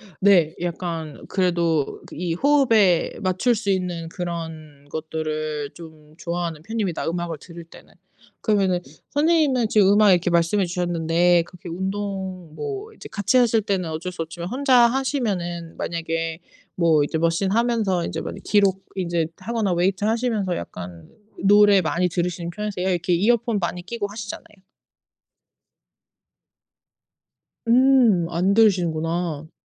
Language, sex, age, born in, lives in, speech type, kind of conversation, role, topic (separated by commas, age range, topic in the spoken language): Korean, female, 35-39, South Korea, United States, conversation, unstructured, none, 운동은 정신 건강에 어떤 영향을 미치나요?